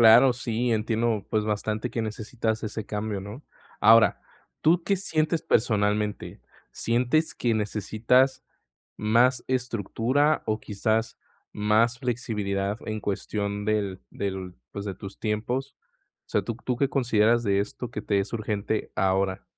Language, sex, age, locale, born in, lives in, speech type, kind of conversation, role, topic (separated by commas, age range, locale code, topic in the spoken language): Spanish, male, 20-24, es-MX, Mexico, Mexico, conversation, advice, advisor, ¿Cómo puedo organizar mi día según mi nivel de energía y no solo según el tiempo?